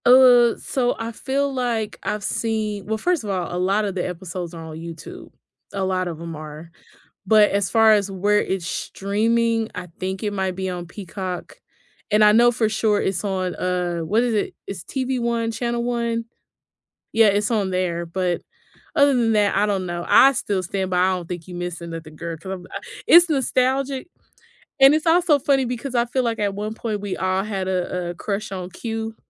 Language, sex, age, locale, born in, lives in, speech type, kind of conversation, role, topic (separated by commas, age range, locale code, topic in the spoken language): English, female, 35-39, en-US, United States, United States, conversation, unstructured, none, Which childhood cartoons or shows still make you smile?